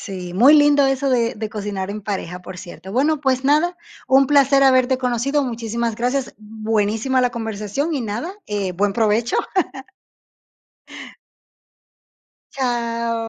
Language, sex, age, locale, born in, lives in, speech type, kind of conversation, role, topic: Spanish, female, 30-34, es-DO, Dominican Republic, Portugal, conversation, unstructured, none, ¿Cuál es tu comida rápida menos favorita y por qué?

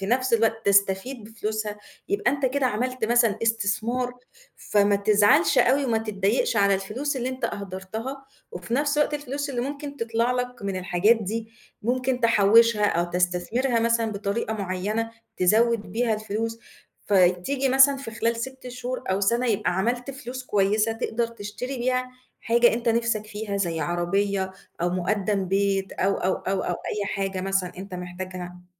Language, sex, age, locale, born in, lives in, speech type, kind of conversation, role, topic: Arabic, female, 35-39, ar-EG, Egypt, Egypt, conversation, advice, advisor, إزاي أوازن بين المتعة الفورية وبين إني أحافظ على عادات كويسة عشان أوصل لأهدافي على المدى الطويل؟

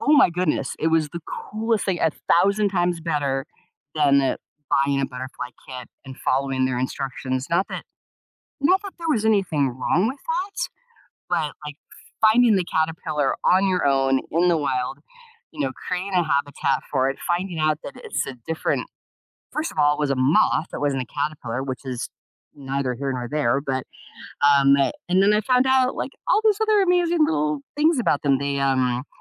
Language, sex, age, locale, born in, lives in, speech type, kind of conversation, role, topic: English, female, 55-59, en-US, United States, United States, conversation, advice, user, How can I maintain and deepen the joy I feel from reconnecting with nature?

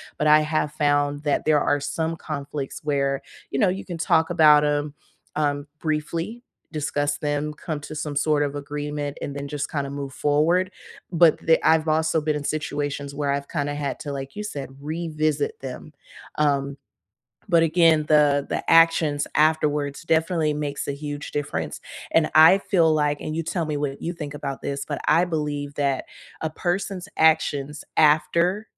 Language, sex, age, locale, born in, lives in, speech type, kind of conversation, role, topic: English, female, 30-34, en-US, United States, United States, conversation, unstructured, none, What does a sincere apology sound like to you?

